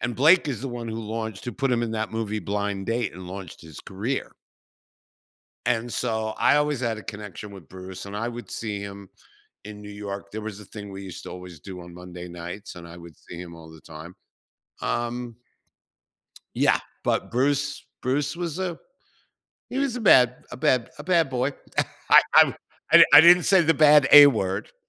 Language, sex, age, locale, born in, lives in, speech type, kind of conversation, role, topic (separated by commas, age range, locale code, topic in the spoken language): English, male, 60-64, en-US, United States, United States, conversation, unstructured, none, Which movie, game, or TV soundtracks do you loop for focus or relaxation, and why?